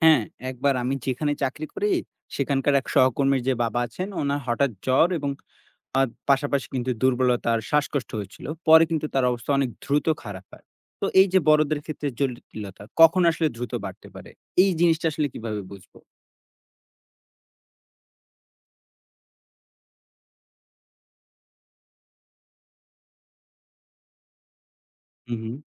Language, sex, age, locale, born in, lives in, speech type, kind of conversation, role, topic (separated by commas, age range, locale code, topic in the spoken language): Bengali, male, 20-24, bn-BD, Bangladesh, Bangladesh, read, expert-assistant, asker, যখন আমরা অসুস্থ বোধ করি, ডাক্তারের কাছে কখন দ্রুত যাওয়া উচিত আর কখন অপেক্ষা করা যায়?